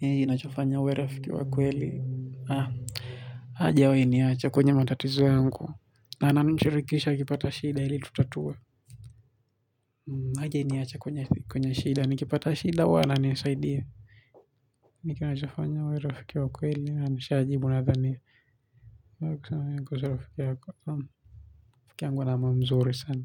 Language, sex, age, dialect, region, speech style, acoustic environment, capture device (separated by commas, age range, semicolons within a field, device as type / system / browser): Swahili, male, 18-24, Kenya - Mombasa, Kenya, relaxed, silence, mobile / Linux / Chrome